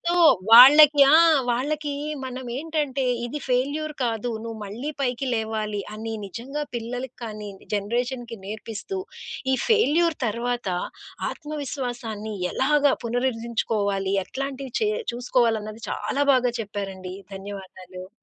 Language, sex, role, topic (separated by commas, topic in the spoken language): Telugu, female, host, విఫలమైన తర్వాత మీ ఆత్మవిశ్వాసాన్ని మీరు ఎలా తిరిగి సంపాదించుకున్నారు?